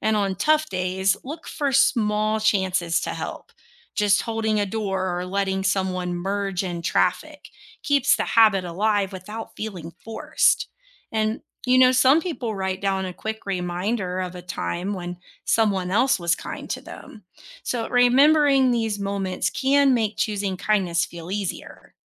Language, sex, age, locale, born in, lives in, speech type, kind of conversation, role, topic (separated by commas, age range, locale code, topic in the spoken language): English, female, 35-39, en-US, United States, United States, read, expert-assistant, expert, What are the benefits of practicing kindness daily?